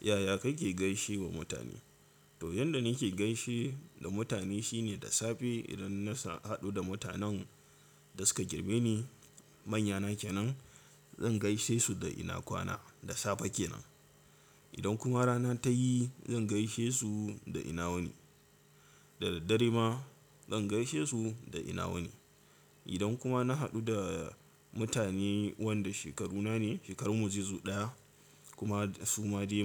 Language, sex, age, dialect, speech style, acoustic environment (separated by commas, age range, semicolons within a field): Hausa, male, 18-24, Nigeria - Katsina, tired; relaxed; focused, silence